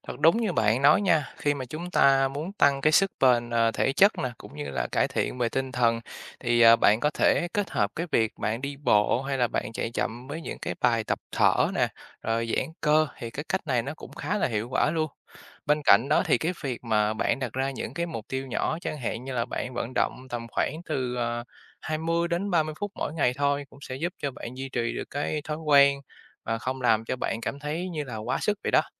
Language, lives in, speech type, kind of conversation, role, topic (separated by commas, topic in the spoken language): Vietnamese, Vietnam, read, expert-assistant, expert, Ảnh hưởng của thể thao đến sức khỏe thể chất và tinh thần như thế nào?